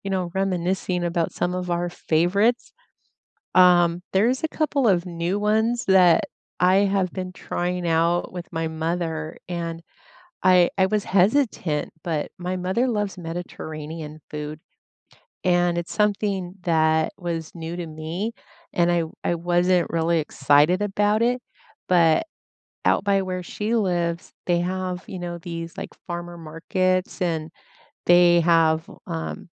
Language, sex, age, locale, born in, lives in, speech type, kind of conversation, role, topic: English, female, 50-54, en-US, United States, United States, conversation, unstructured, none, What are your favorite street foods, and how do you find the best places to buy them?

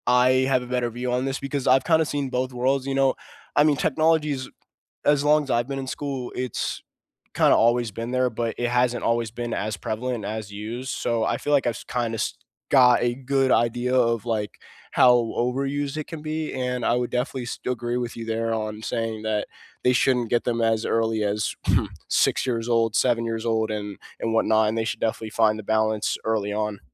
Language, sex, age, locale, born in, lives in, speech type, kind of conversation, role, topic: English, male, 18-19, en-US, United States, United States, conversation, unstructured, none, How has classroom technology helped or hurt your learning?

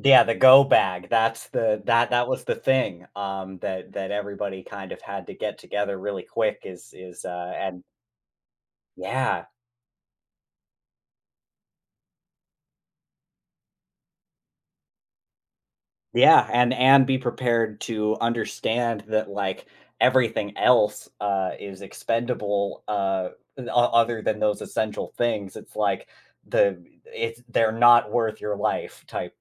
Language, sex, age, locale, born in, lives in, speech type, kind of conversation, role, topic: English, male, 25-29, en-US, United States, United States, conversation, podcast, host, How has your hobby created meaningful or unforgettable moments in your life?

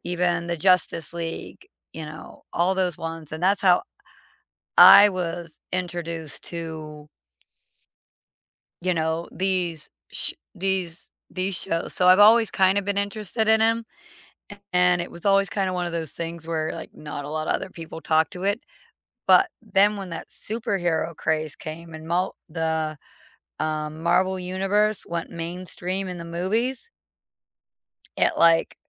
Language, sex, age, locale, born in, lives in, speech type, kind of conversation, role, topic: English, female, 45-49, en-US, United States, United States, conversation, unstructured, none, Which fandom first sparked your love of entertainment, and how has it connected you with others since?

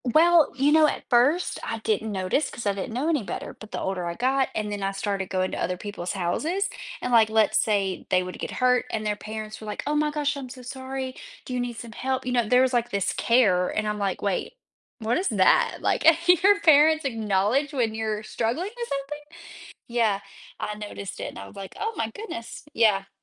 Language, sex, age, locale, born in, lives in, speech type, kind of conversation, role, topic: English, female, 25-29, en-US, United States, United States, conversation, podcast, guest, How does practicing empathy shape our relationships and communication with others?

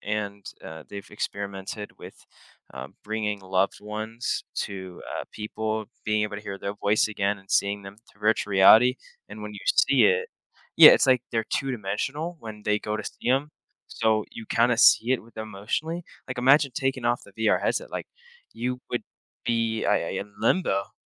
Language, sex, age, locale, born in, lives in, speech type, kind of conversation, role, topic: English, male, 18-19, en-US, United States, United States, conversation, podcast, guest, How does experiencing grief change the way we go about our everyday lives?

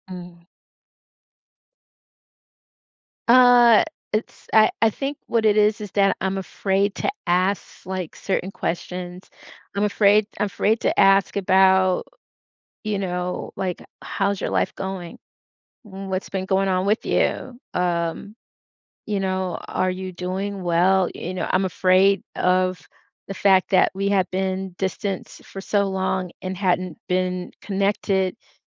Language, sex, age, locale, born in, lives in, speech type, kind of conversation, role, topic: English, female, 55-59, en-US, United States, United States, conversation, advice, user, How can I reconnect with an old friend after a surprise reunion?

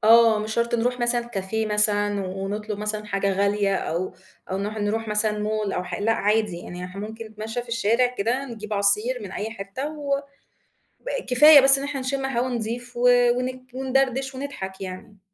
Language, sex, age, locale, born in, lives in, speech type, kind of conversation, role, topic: Arabic, female, 35-39, ar-EG, Egypt, Egypt, conversation, podcast, guest, إيه اللي بتعمله عشان تسمع جسمك أحسن كل يوم؟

